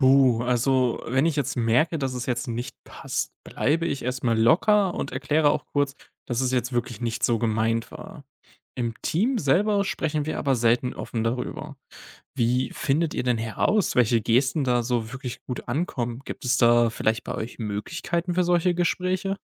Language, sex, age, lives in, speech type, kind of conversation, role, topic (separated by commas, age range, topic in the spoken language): German, male, 20-24, Germany, read, expert-assistant, asker, Welche Beispiele gibt es dafür, dass kleine Gesten in der Kommunikation große Wirkung haben können?